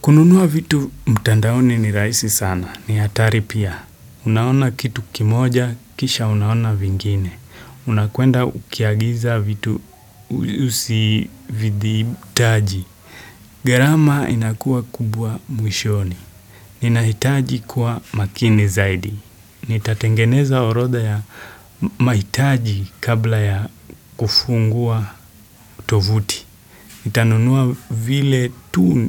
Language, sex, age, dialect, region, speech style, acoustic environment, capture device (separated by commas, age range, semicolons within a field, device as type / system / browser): Swahili, male, 18-24, Kenya - Nairobi Sheng-influenced, Kenya, happy, silence, desktop / Windows / Chrome